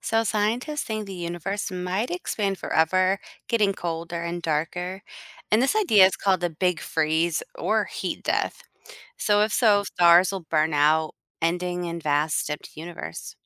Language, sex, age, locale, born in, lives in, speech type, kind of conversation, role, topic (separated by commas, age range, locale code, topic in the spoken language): English, female, 40-44, en-US, United States, United States, read, expert-assistant, expert, What is the theory behind the Big Bang?